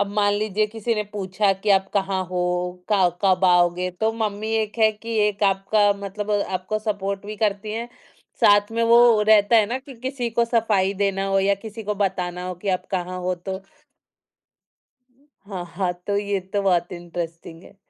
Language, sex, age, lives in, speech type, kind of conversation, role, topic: Hindi, female, 30-34, India, conversation, podcast, host, Apni hobby se जुड़ा koi yaadgaar moment kya hai, jo tum share karna chahte ho?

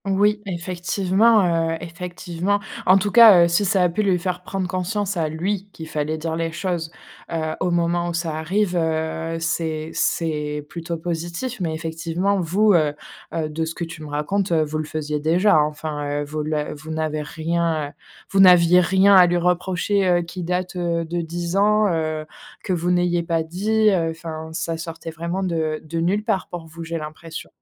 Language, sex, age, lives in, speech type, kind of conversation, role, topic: French, female, 25-29, France, conversation, advice, advisor, Comment puis-je réparer la confiance après avoir blessé quelqu’un et présenter des excuses sincères ?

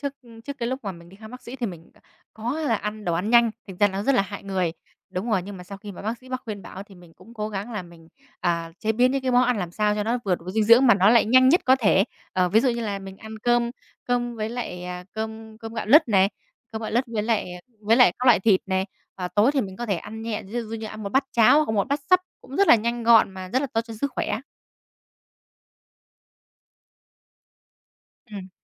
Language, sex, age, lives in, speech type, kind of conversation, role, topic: Vietnamese, female, 20-24, Vietnam, conversation, podcast, guest, Bạn điều chỉnh chế độ ăn uống như thế nào khi cần hồi phục?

